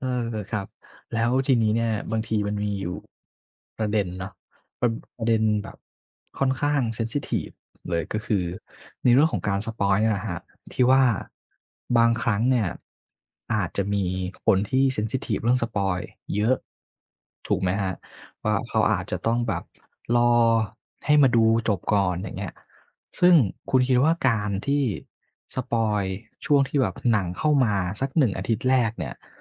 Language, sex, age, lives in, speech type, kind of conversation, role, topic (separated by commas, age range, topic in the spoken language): Thai, male, 25-29, Thailand, conversation, podcast, host, การถูกสปอยล์ส่งผลต่อประสบการณ์การรับชมของคุณไหม?